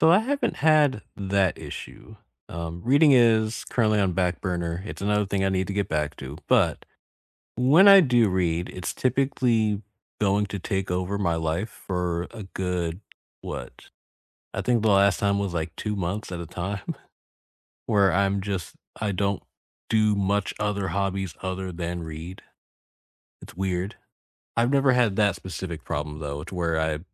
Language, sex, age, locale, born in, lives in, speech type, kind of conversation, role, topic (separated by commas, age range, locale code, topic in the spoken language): English, male, 25-29, en-US, United States, United States, conversation, unstructured, none, What hobby would you realistically love to start this year?